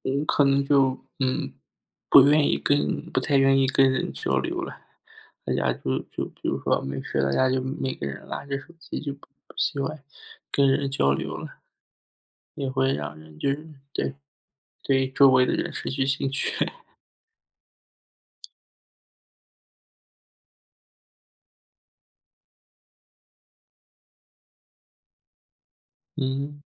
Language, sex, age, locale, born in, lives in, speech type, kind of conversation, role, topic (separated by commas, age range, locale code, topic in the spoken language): Chinese, male, 30-34, zh-CN, China, United States, conversation, unstructured, none, 你觉得智能手机让生活变得更好吗？